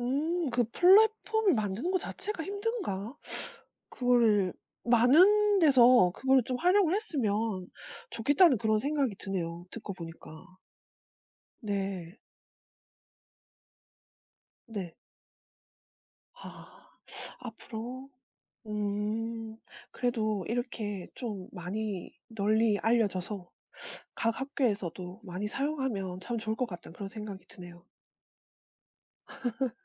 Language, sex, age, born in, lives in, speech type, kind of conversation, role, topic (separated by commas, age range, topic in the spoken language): Korean, female, 40-44, South Korea, South Korea, conversation, podcast, host, 온라인 강의와 오프라인 수업을 들어 보셨을 때 어떤 차이를 느끼셨나요?